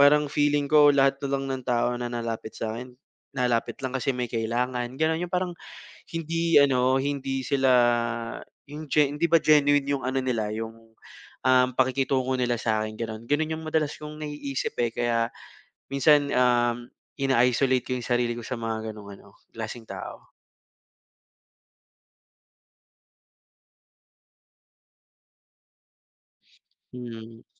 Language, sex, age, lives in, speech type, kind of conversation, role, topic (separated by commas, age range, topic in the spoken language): Filipino, male, 25-29, Philippines, conversation, advice, user, Paano ko mapapansin at maipapakita ang kontribusyon ko sa trabaho kung parang hindi ito napapansin ng iba?